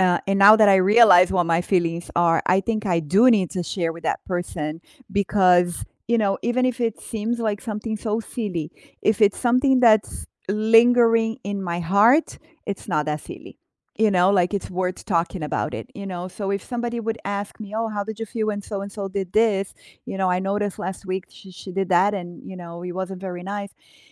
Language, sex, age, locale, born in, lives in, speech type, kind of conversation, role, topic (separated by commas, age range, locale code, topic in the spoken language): English, female, 45-49, en-BR, Brazil, United States, conversation, unstructured, none, What is your opinion on gossip within families?